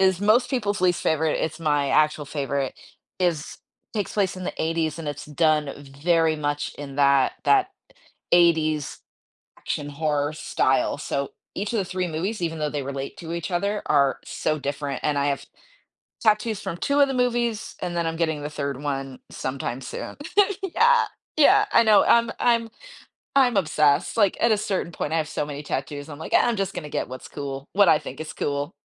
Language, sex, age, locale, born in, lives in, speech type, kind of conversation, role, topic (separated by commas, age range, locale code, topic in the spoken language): English, female, 40-44, en-US, United States, United States, conversation, podcast, guest, How can a movie leave a lasting impact on your life or perspective?